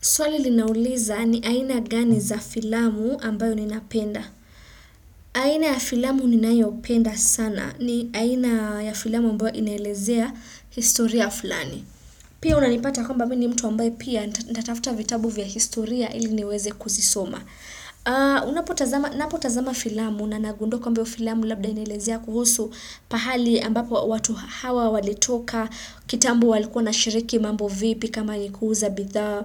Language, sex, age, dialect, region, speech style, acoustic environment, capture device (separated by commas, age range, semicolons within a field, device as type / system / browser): Swahili, female, 25-34, Kenya - Nairobi Sheng-influenced, Kenya, happy; focused; excited, silence, desktop / Windows / Chrome